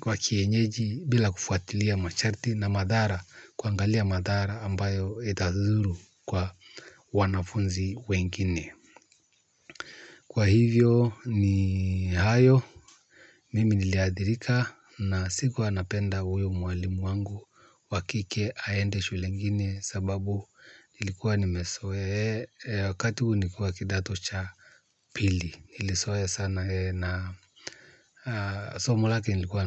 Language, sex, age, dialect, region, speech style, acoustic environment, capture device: Swahili, male, 35-44, Kenya - Nairobi Sheng-influenced, Kenya, happy; focused; relaxed, silence, mobile / Linux / Chrome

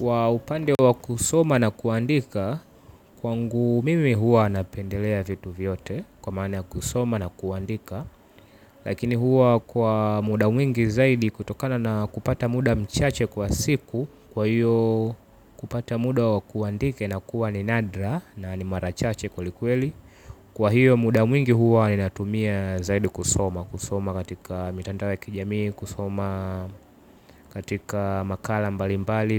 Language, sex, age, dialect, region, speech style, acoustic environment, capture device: Swahili, male, 18-24, Tanzania - Dar es Salaam, Tanzania, relaxed; focused, silence, mobile / Linux / Chrome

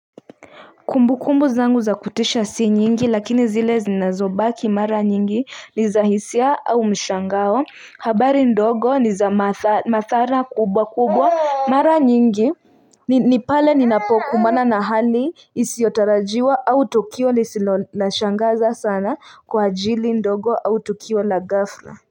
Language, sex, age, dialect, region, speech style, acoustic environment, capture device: Swahili, female, 25-34, Kenya - Nairobi Sheng-influenced, Kenya, tired, music; traffic, mobile / Linux / Chrome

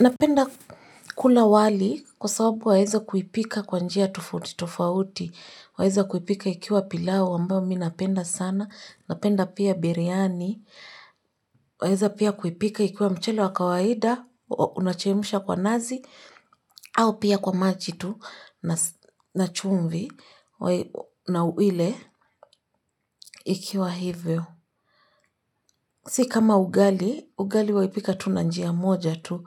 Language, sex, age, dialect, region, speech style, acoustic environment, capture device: Swahili, female, 25-34, Kenya - Nairobi Sheng-influenced, Kenya, focused, silence, mobile / Linux / Chrome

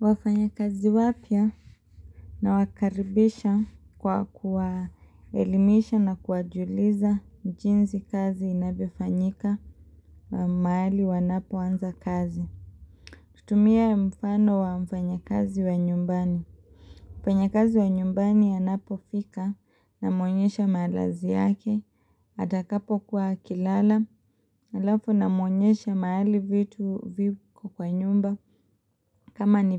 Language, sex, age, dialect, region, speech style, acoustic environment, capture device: Swahili, female, 25-34, Kenya - Mombasa, Kenya, excited, silence, mobile / Linux / Chrome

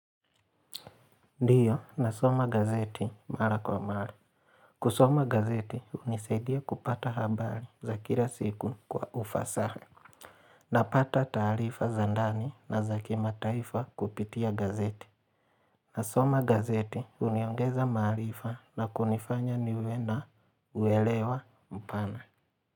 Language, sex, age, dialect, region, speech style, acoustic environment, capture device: Swahili, male, 25-34, Kenya - Mombasa, Kenya, relaxed; focused, nature; silence, mobile / Linux / Chrome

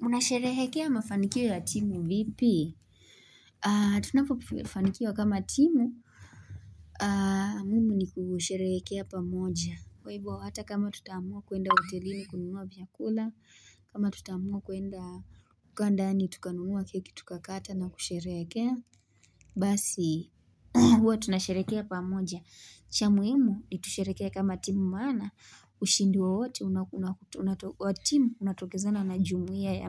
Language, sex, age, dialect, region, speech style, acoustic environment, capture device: Swahili, female, 18-24, Kenya - Nairobi Sheng-influenced, Kenya, relaxed, silence, mobile / Linux / Chrome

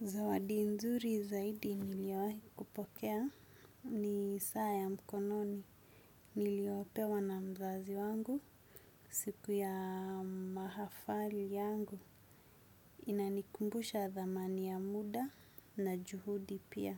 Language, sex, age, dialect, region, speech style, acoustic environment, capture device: Swahili, female, 25-34, Kenya - Mombasa, Kenya, happy; excited; focused, nature; silence; traffic; appliances, desktop / Windows / Chrome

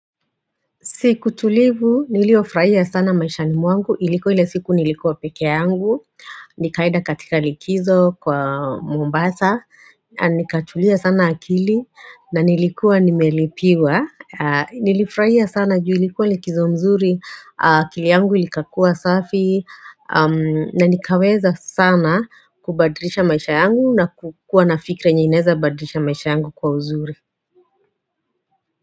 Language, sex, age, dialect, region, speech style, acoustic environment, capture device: Swahili, female, 35-44, Kenya - Nairobi Sheng-influenced, Kenya, relaxed, silence; nature; appliances, mobile / Android / Chrome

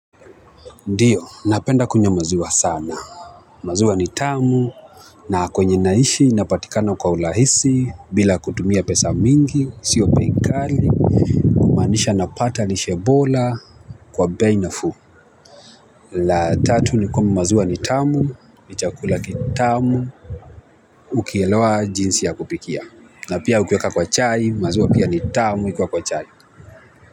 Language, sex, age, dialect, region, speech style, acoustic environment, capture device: Swahili, male, 25-34, Kenya - Mombasa, Kenya, nervous; excited, people talking; traffic, mobile / Linux / Chrome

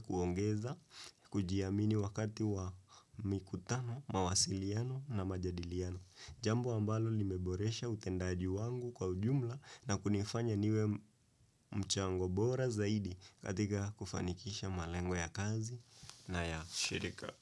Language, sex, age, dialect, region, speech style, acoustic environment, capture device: Swahili, male, 18-24, Kenya - Nairobi Sheng-influenced, Kenya, relaxed, silence, desktop / Windows / Chrome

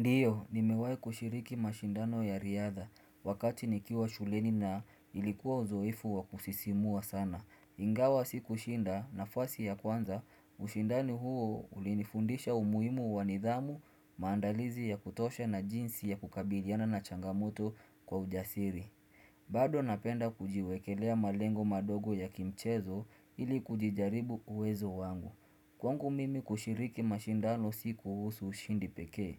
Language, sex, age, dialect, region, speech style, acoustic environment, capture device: Swahili, male, 25-34, Kenya - Mombasa, Kenya, focused, silence, desktop / Windows / Chrome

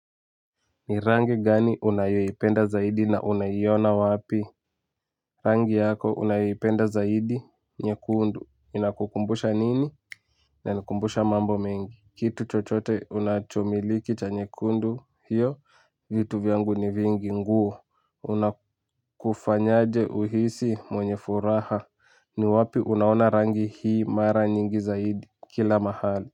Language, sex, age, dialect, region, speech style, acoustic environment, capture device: Swahili, male, 18-24, Kenya - Nairobi Sheng-influenced, Kenya, excited; focused, music; nature; people talking, mobile / Linux / Chrome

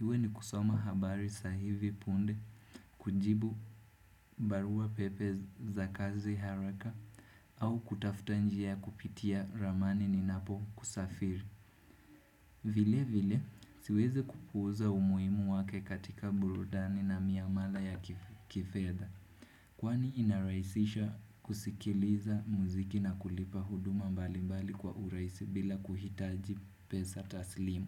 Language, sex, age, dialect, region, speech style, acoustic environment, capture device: Swahili, male, 18-24, Kenya - Nairobi Sheng-influenced, Kenya, happy; focused, nature, desktop / Windows / Chrome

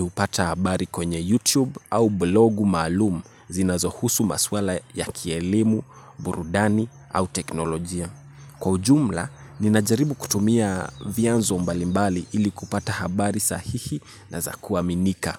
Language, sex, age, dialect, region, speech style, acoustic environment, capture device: Swahili, male, 18-24, Kenya - Nairobi Sheng-influenced, Kenya, relaxed; focused, nature, mobile / Linux / Chrome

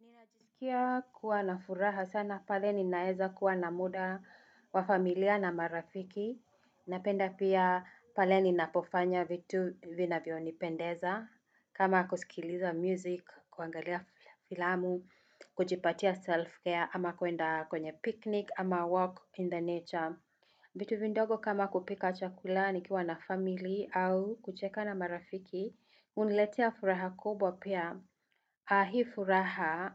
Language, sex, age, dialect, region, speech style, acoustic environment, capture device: Swahili, female, 35-44, Kenya - Nairobi Sheng-influenced, Kenya, happy, people talking; music; traffic, desktop / Windows / Chrome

